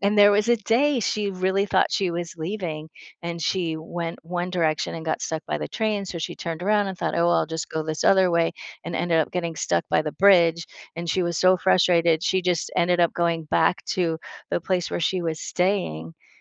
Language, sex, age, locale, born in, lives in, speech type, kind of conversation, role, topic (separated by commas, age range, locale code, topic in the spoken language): English, female, 55-59, en-US, United States, United States, conversation, podcast, guest, What helps people feel comfortable and included when joining a new community?